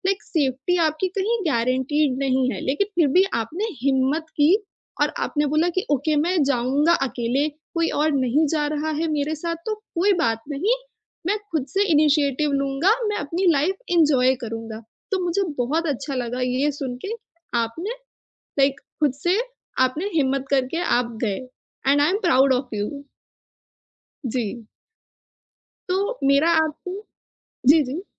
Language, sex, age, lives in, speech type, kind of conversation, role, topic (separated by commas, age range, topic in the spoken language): Hindi, female, 25-29, India, conversation, podcast, host, Solo trip ka sabse yaadgar pal tumhare liye kya tha?